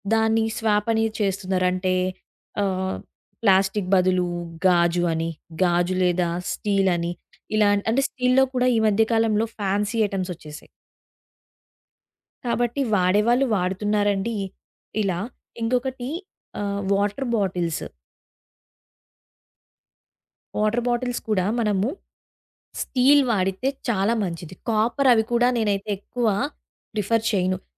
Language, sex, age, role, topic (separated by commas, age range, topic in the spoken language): Telugu, female, 20-24, guest, ప్లాస్టిక్ వినియోగాన్ని తగ్గించడానికి సాధారణంగా పాటించగల మార్గాలు ఏమిటి?